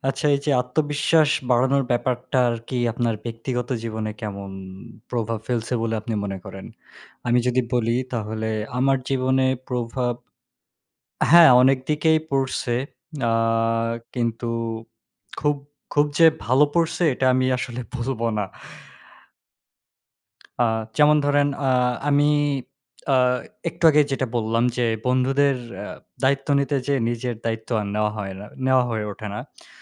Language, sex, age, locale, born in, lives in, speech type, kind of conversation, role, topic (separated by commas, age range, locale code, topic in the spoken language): Bengali, male, 20-24, bn-BD, Bangladesh, Bangladesh, conversation, unstructured, none, আপনি আপনার আত্মবিশ্বাস বাড়াতে কোন কোন উপায় অনুসরণ করতে চান?